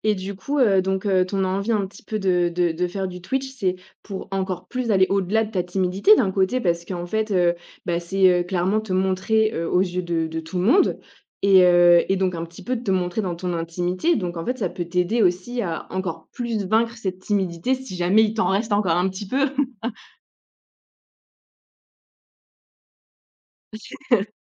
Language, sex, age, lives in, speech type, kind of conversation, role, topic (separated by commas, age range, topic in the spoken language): French, female, 25-29, France, conversation, podcast, host, Quel projet créatif rêves-tu encore de réaliser ?